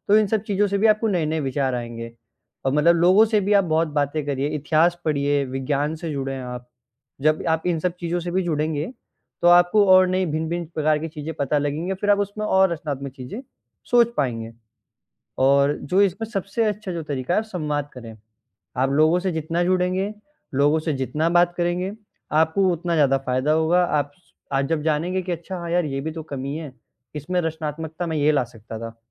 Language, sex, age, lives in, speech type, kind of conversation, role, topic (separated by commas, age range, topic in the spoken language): Hindi, male, 18-19, India, conversation, advice, advisor, बार-बार नए विचार न आ पाने की परेशानी को कैसे दूर किया जा सकता है?